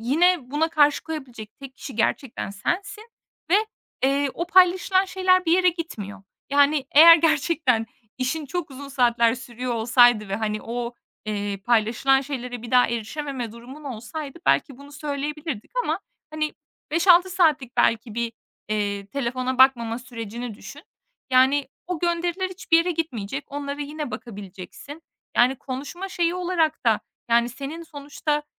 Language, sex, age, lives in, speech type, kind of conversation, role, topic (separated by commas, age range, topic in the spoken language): Turkish, female, 25-29, Estonia, conversation, advice, advisor, Telefon ve sosyal medyada dikkatinizin kolayca dağılması durumunu nasıl yaşıyorsunuz?